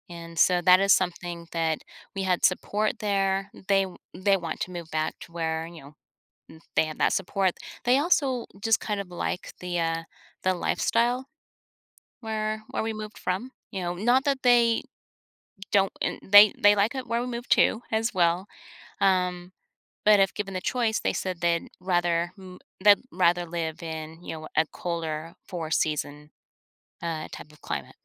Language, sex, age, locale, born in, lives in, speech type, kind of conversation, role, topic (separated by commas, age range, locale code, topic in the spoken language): English, female, 50-54, en-US, United States, United States, conversation, advice, user, How can I stay calm during a period of change?